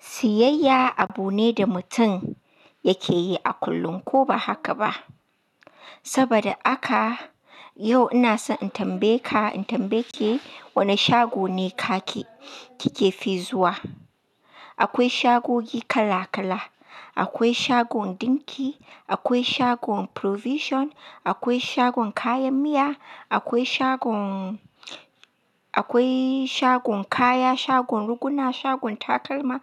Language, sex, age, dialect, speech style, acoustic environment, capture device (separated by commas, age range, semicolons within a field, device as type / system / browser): Hausa, female, 25-34, Nigeria - Katsina, happy; relaxed, nature, mobile / Linux / Chrome